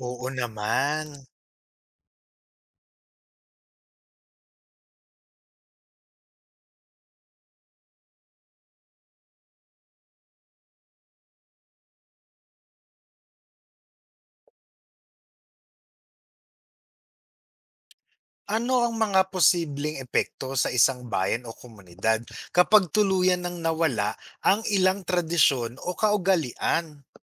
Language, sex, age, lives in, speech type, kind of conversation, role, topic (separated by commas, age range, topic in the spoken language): Filipino, male, 35-39, Philippines, read, expert-assistant, asker, Bakit mahalaga ang pagdiriwang ng mga tradisyon at kultura?